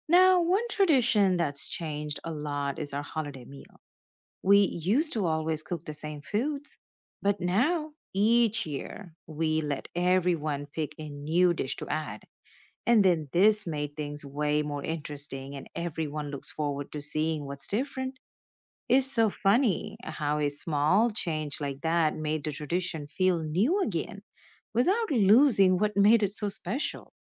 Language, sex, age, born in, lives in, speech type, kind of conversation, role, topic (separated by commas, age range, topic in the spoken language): English, female, 35-39, India, United States, read, expert-assistant, asker, How do traditions help preserve cultural identity?